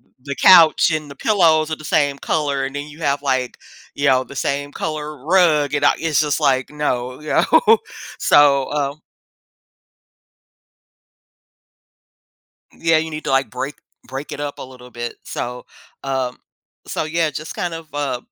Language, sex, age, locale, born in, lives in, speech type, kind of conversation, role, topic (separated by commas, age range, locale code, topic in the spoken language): English, female, 50-54, en-US, United States, United States, conversation, podcast, guest, What makes a home feel truly welcoming and peaceful?